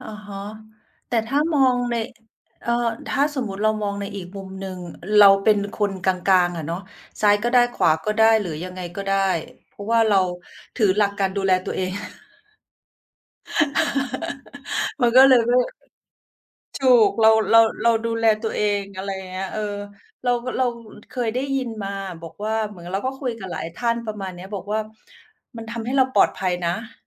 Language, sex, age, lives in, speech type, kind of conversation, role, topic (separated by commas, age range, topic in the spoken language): Thai, female, 45-49, Thailand, conversation, unstructured, none, ความเหลื่อมล้ำทางการเงินสามารถแก้ไขได้หรือไม่?